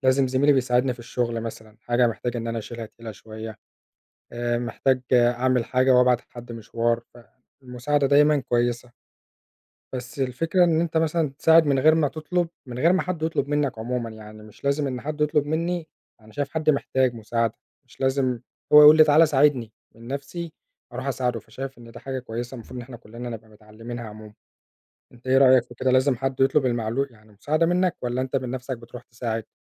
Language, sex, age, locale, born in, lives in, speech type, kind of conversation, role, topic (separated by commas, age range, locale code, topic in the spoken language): Arabic, male, 25-29, ar-EG, Egypt, Egypt, conversation, unstructured, none, إيه اللي بتحس بيه لما بتساعد حد تاني؟